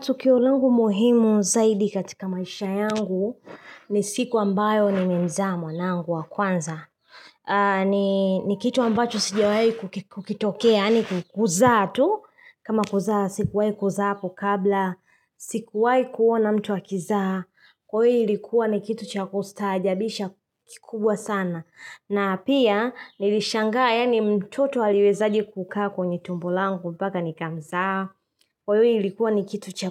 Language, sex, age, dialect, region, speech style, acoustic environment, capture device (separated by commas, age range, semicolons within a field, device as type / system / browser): Swahili, female, 25-34, Tanzania - Dar es Salaam, Tanzania, relaxed; happy; focused; excited, silence; nature, mobile / Linux / Chrome